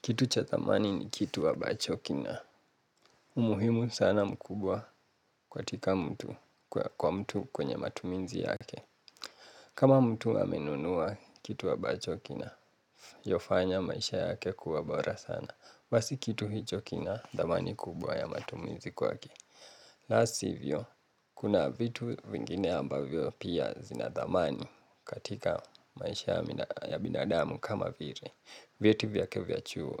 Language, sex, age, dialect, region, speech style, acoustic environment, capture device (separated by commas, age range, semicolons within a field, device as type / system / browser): Swahili, male, 18-24, Kenya - Nairobi Sheng-influenced, Kenya, relaxed, appliances, mobile / Linux / Chrome